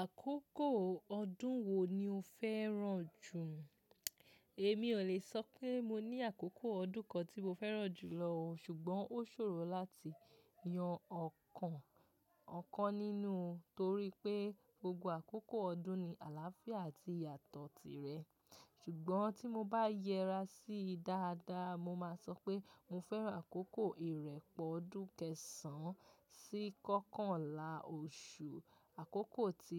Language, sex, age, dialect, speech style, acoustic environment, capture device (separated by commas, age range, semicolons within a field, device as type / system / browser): Yoruba, female, 18-24, Nigeria - Lagos Yoruba, relaxed; focused, nature; silence, mobile / macOS / Safari